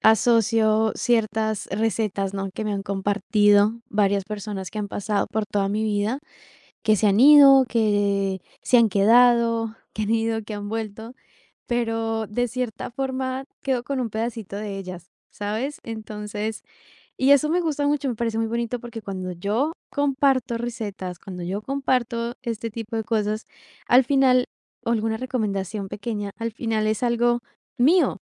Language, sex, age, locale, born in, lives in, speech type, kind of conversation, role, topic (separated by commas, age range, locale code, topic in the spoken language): Spanish, female, 18-19, es-CO, Colombia, Italy, conversation, podcast, guest, ¿Cómo le enseñas a alguien a cocinar como una forma de cuidarlo?